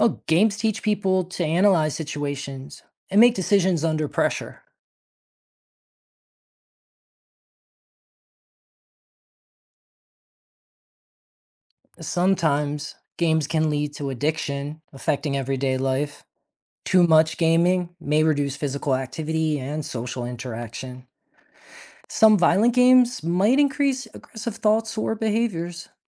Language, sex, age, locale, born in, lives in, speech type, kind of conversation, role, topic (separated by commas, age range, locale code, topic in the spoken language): English, male, 40-44, en-US, United States, United States, read, expert-assistant, expert, How do video games impact cognitive development?